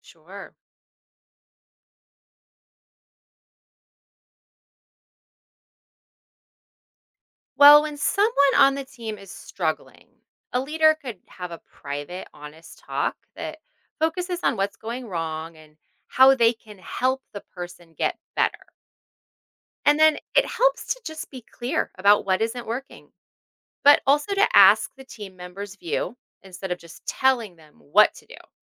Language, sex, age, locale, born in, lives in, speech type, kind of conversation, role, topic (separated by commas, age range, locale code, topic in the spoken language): English, female, 40-44, en-US, United States, United States, read, expert-assistant, expert, What qualities make a good leader?